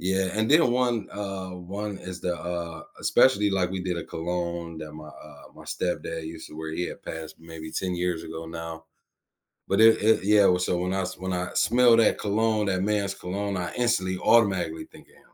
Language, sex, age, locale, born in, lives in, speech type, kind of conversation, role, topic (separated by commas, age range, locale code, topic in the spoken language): English, male, 35-39, en-US, United States, United States, conversation, unstructured, none, Why do certain smells trigger such vivid memories from our past?